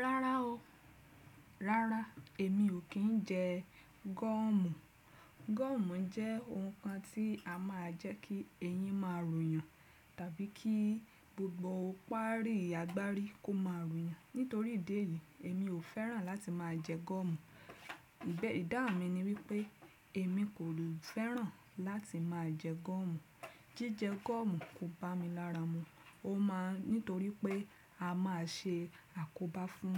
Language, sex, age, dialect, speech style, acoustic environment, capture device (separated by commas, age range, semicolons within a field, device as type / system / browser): Yoruba, female, 35-44, Nigeria - Lagos Yoruba, happy; relaxed; excited; focused, nature; silence, desktop / Windows / Chrome